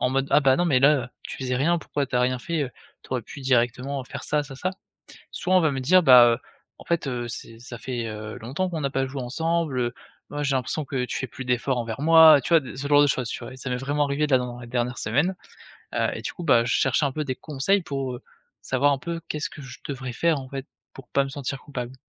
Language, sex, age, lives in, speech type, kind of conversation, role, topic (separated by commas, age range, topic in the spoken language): French, male, 20-24, France, conversation, advice, user, Pourquoi est-ce que je me sens coupable quand je prends du temps pour moi ?